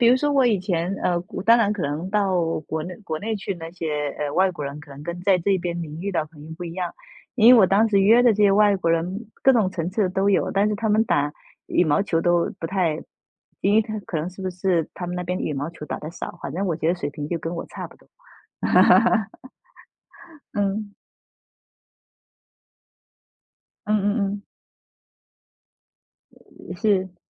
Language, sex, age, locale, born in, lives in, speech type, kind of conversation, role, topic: Chinese, female, 35-39, zh-TW, China, United States, conversation, unstructured, none, 你认为哪种运动最有趣？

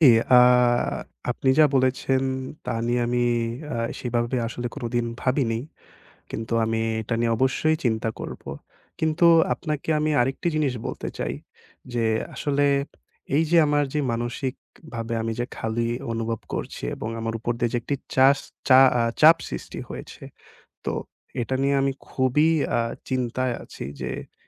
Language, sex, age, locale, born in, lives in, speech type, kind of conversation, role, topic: Bengali, male, 20-24, bn-BD, Bangladesh, Bangladesh, conversation, advice, user, বিচ্ছেদের পর প্রতিদিন মানসিকভাবে খালি ও উদ্দেশ্যহীন লাগলে আমি কী করতে পারি?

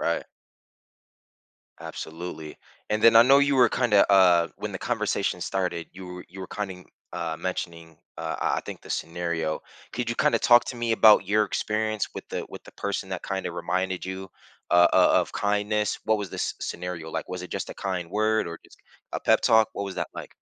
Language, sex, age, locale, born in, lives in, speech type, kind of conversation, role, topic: English, male, 30-34, en-US, United States, United States, conversation, advice, advisor, How can I respond to and pay forward a stranger's unexpected kindness?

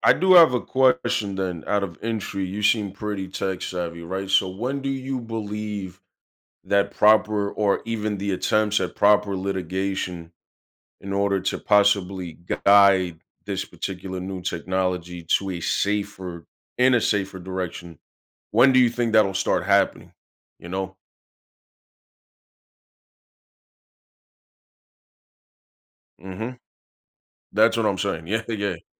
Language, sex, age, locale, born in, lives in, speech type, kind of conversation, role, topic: English, male, 30-34, en-US, United States, United States, conversation, podcast, host, In what ways has modern technology changed our expectations of privacy?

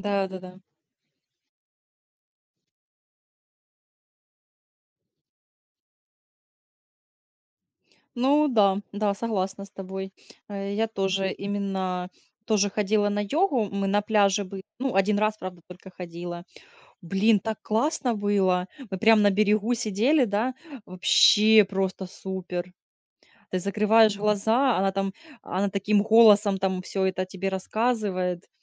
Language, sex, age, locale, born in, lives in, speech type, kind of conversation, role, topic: Russian, female, 30-34, ru-RU, Ukraine, Spain, conversation, unstructured, none, Какой способ отдыха вам ближе: занятия спортом или медитация?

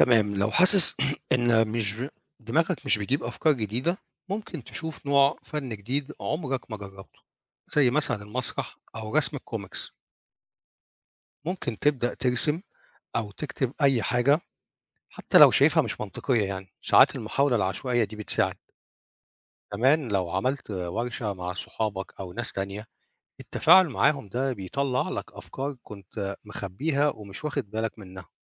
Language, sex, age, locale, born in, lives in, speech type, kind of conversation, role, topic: Arabic, male, 50-54, ar-EG, Egypt, Portugal, read, expert-assistant, expert, ما دور الفنون في تنمية الإبداع؟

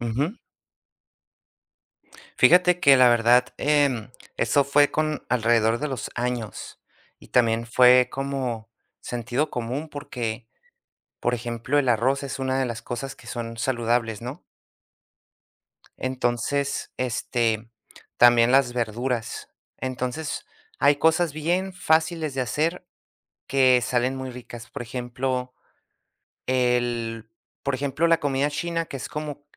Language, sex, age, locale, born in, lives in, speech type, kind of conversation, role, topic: Spanish, male, 30-34, es-US, United States, United States, conversation, podcast, guest, ¿Cómo aprendiste a cocinar comidas más sanas?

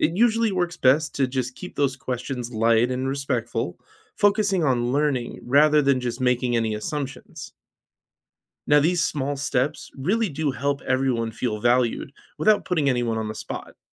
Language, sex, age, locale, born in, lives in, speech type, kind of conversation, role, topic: English, male, 35-39, en-US, United States, United States, read, expert-assistant, expert, How can understanding different cultural traditions help me build better relationships at work?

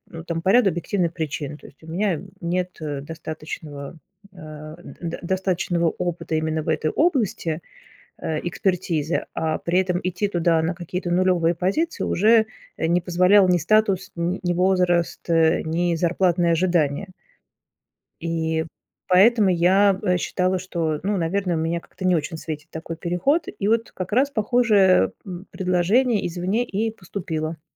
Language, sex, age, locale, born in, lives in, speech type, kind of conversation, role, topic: Russian, female, 45-49, ru-RU, Russia, Germany, conversation, podcast, guest, Как ты выбираешь между стабильностью и своей мечтой?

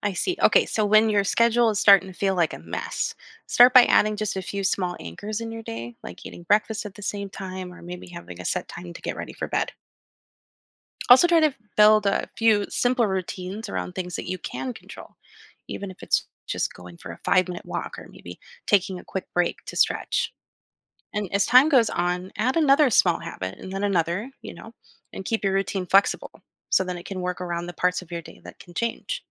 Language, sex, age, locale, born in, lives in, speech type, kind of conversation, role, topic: English, female, 30-34, en-US, United States, United States, read, expert-assistant, expert, How does a daily routine improve mental health?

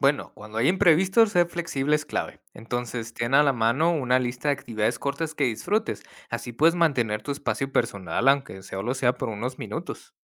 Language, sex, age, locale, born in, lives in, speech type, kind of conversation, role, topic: Spanish, male, 30-34, es-MX, United States, Mexico, read, expert-assistant, expert, ¿Cómo puedo equilibrar el tiempo entre mis responsabilidades diarias y mi pasión por los videojuegos?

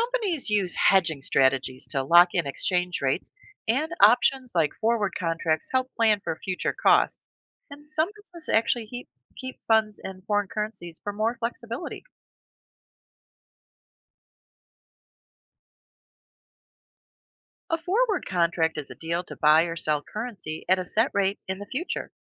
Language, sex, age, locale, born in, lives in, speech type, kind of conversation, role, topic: English, female, 55-59, en-US, United States, United States, read, expert-assistant, expert, What are the effects of foreign exchange markets on business?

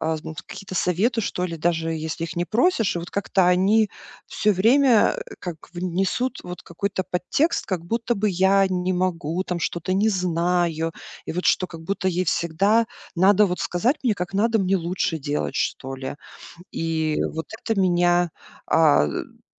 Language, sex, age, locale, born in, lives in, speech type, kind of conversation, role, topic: Russian, female, 40-44, ru-RU, Russia, United States, conversation, advice, user, Как перестать принимать критику лично и не позволять ей портить моё настроение?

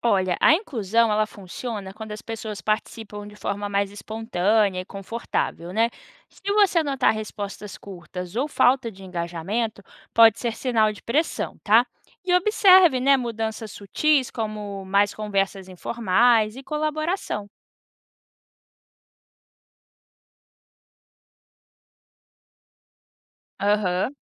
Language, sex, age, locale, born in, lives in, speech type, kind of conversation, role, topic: Portuguese, female, 25-29, pt-BR, Brazil, United States, read, expert-assistant, expert, Quando penso em inteligência emocional, faz mais diferença começar pela autoconsciência ou pela empatia?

